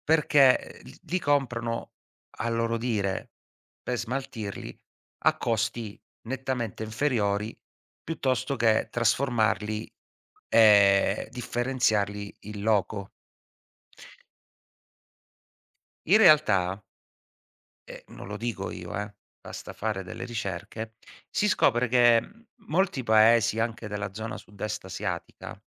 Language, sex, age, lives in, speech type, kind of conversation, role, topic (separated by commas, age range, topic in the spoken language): Italian, male, 40-44, Italy, conversation, podcast, guest, Come descriveresti il problema della plastica in mare a un amico?